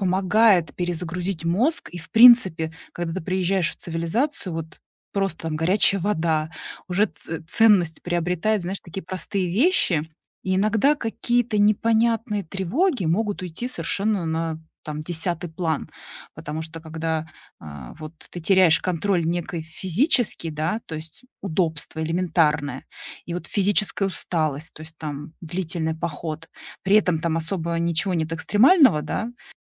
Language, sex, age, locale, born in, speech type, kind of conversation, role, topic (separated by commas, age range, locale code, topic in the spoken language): Russian, female, 40-44, ru-RU, Russia, conversation, advice, advisor, Как выбрать отдых, учитывая усталость и желание перезагрузиться?